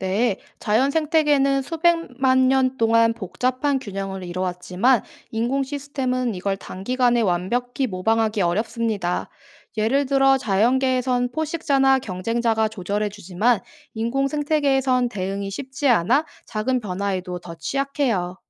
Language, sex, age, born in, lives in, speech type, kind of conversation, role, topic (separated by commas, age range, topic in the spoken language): Korean, female, 25-29, South Korea, South Korea, read, expert-assistant, expert, 만약 태양이 갑자기 다른 종류의 별, 예를 들어 적색왜성이나 백색왜성으로 변한다면 지구와 우리 삶에는 어떤 변화가 일어날까요?